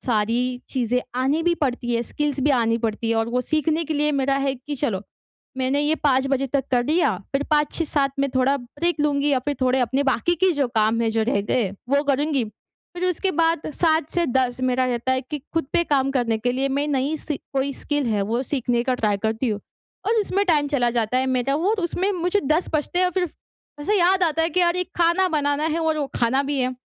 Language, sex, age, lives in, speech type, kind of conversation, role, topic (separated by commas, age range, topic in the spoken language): Hindi, female, 20-24, India, conversation, advice, user, ब्रेक लेकर मैं अपनी ऊर्जा और एकाग्रता कैसे सुधार सकता हूँ?